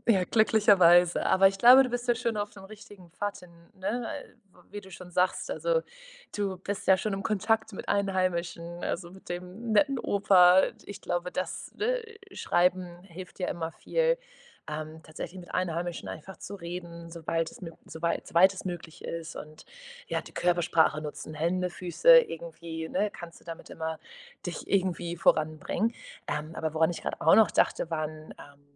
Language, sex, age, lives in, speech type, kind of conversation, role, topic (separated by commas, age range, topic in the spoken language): German, female, 25-29, Portugal, conversation, advice, advisor, Wie kann ich Sprachbarrieren überwinden, wenn ich im Urlaub neue Orte entdecke?